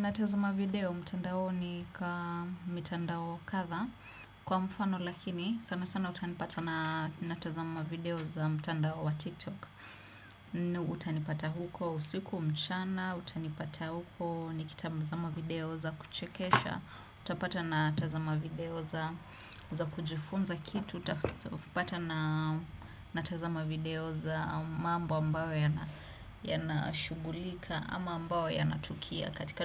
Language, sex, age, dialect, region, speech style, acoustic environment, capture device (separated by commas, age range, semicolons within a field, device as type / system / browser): Swahili, female, 25-34, Kenya - Nairobi Sheng-influenced, Kenya, nervous; focused, appliances; silence, desktop / Windows / Chrome